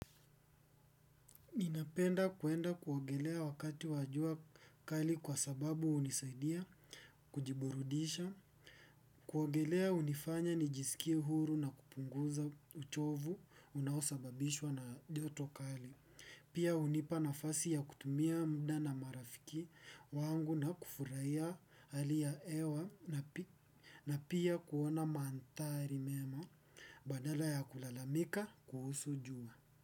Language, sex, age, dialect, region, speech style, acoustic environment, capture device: Swahili, male, 18-24, Kenya - Nairobi Sheng-influenced, Kenya, focused, appliances, desktop / Windows / Edge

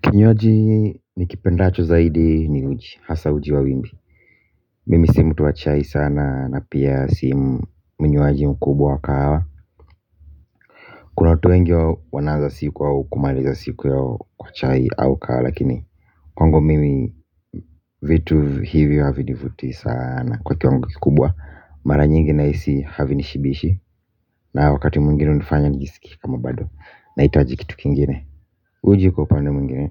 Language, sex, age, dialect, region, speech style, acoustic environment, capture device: Swahili, male, 25-34, Kenya - Nairobi Sheng-influenced, Kenya, relaxed, music; construction; silence, mobile / Linux / Chrome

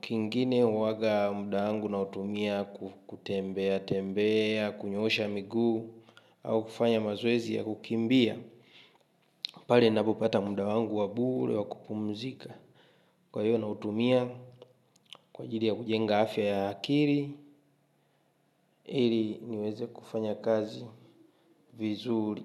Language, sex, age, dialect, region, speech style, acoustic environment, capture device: Swahili, male, 25-34, Tanzania - Dar es Salaam, Tanzania, happy, traffic, mobile / Linux / Chrome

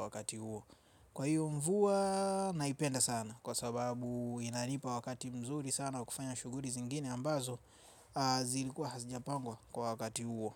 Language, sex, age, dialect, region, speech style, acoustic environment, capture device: Swahili, male, 25-34, Tanzania - Dar es Salaam, Tanzania, happy, silence; nature, mobile / Linux / Chrome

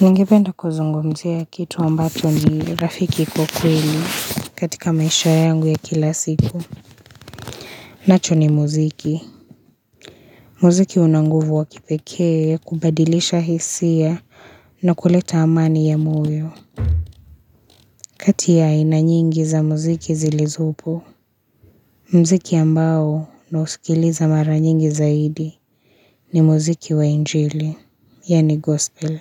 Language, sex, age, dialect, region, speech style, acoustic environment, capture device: Swahili, female, 25-34, Kenya - Nairobi Sheng-influenced, Kenya, tired; relaxed, nature; silence, mobile / Linux / Chrome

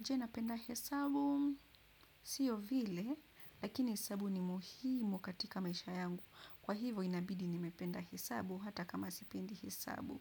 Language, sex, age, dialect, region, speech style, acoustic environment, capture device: Swahili, female, 25-34, Kenya - Nairobi Sheng-influenced, Kenya, relaxed, appliances, desktop / Windows / Chrome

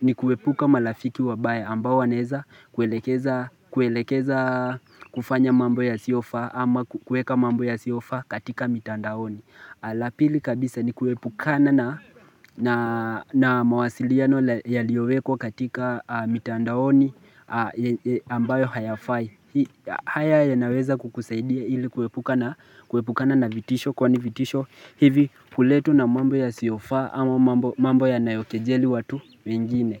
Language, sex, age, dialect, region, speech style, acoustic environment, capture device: Swahili, male, 18-24, Kenya - Mombasa, Kenya, relaxed, nature, mobile / Linux / Chrome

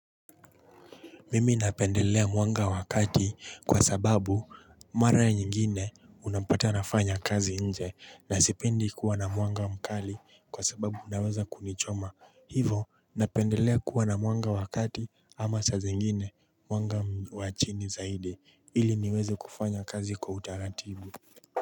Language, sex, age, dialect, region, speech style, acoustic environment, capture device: Swahili, male, 25-34, Kenya - Nairobi Sheng-influenced, Kenya, happy, nature; silence, mobile / Linux / Chrome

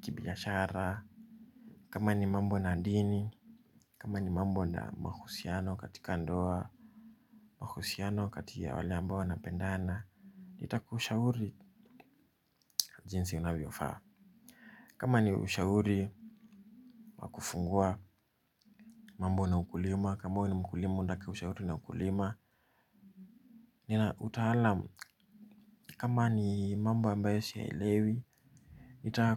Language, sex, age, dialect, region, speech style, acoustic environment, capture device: Swahili, male, 25-34, Kenya - Nairobi Sheng-influenced, Kenya, relaxed, silence, mobile / Linux / Chrome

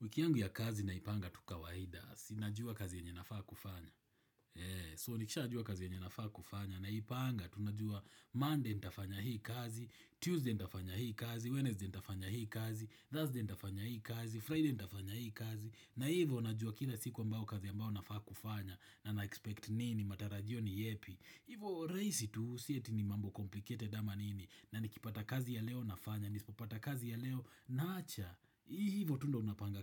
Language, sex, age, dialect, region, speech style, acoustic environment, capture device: Swahili, male, 25-34, Kenya - Nairobi Sheng-influenced, Kenya, happy, people talking, desktop / Windows / Chrome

